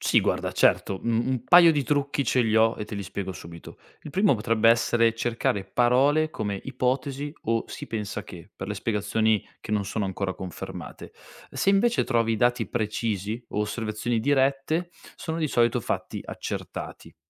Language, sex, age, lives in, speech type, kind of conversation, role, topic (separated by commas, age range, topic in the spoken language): Italian, male, 25-29, Italy, read, expert-assistant, expert, Quando leggo di stelle e galassie, come faccio a distinguere tra fatti e ipotesi?